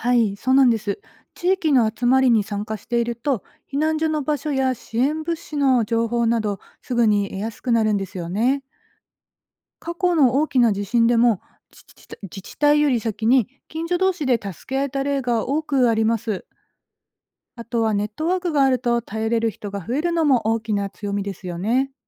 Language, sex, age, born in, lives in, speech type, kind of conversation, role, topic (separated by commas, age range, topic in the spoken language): Japanese, female, 40-44, Japan, Japan, read, expert-assistant, expert, 災害時に、どの情報が本当に信頼できるか見分けるコツはありますか？